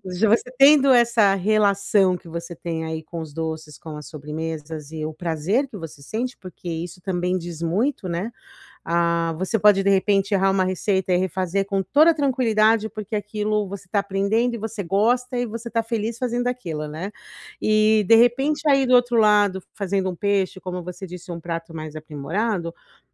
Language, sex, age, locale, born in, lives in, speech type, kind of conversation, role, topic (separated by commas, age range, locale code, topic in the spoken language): Portuguese, female, 40-44, pt-BR, Brazil, United States, conversation, advice, advisor, Como posso tornar o ato de cozinhar menos intimidador e começar a cozinhar com confiança?